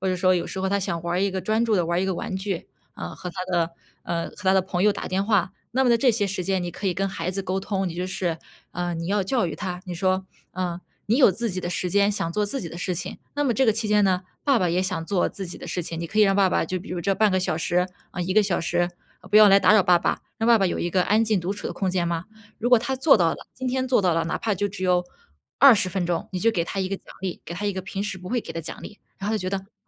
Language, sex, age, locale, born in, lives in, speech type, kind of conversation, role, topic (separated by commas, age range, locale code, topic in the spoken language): Chinese, female, 25-29, zh-CN, China, United States, conversation, advice, advisor, 出差或假期总把你的习惯打乱时，你通常会遇到哪些情况？